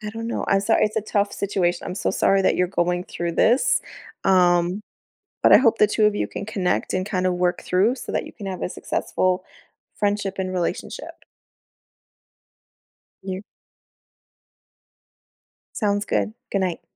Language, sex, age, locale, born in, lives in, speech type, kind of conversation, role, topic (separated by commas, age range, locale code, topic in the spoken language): English, female, 40-44, en-US, United States, United States, conversation, advice, advisor, How can I deepen my connection with my partner?